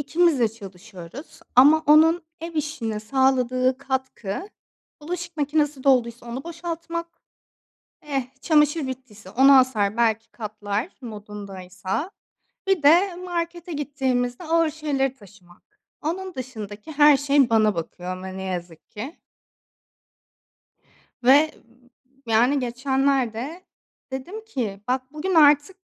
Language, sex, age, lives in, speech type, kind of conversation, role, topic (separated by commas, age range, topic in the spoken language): Turkish, female, 25-29, Greece, conversation, advice, user, Aile içindeki ev işleri ve sorumluluk paylaşımını neden adil bulmuyorsunuz?